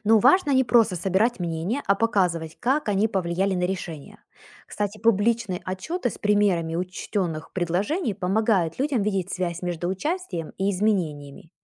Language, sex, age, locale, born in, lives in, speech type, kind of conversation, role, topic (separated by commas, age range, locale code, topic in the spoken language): Russian, female, 40-44, ru-UA, Ukraine, United States, read, expert-assistant, expert, Как сделать, чтобы разные мнения в стране учитывались лучше?